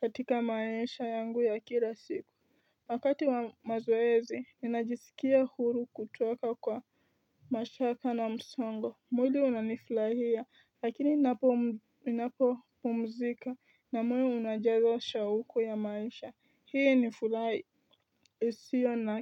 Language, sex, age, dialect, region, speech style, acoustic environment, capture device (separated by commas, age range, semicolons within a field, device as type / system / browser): Swahili, female, 18-24, Kenya - Nairobi Sheng-influenced, Kenya, tired, nature; people talking, mobile / Linux / Chrome